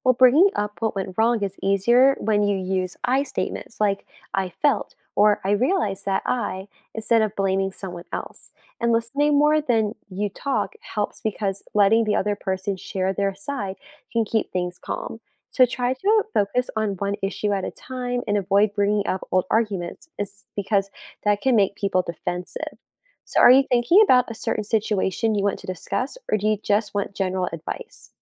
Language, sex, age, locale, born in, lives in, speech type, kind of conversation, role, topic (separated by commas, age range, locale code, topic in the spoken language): English, female, 20-24, en-US, United States, United States, read, expert-assistant, expert, How can trust be built with friends and family?